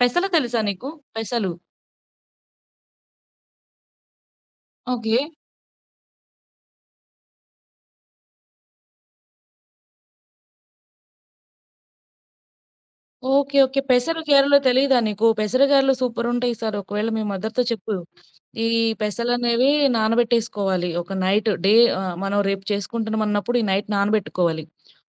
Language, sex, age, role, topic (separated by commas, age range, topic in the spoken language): Telugu, female, 25-29, guest, పూర్తి కుటుంబానికి విందు ప్లాన్ చేయాలంటే మీరు మొదట ఏం చేస్తారు?